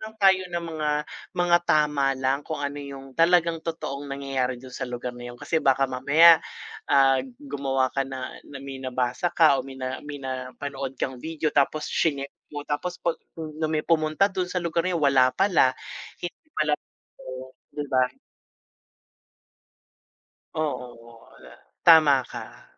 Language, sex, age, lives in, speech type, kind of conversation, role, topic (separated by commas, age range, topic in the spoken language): Filipino, male, 45-49, Philippines, conversation, unstructured, none, Bakit mahalaga ang sining sa kultura ng isang bansa?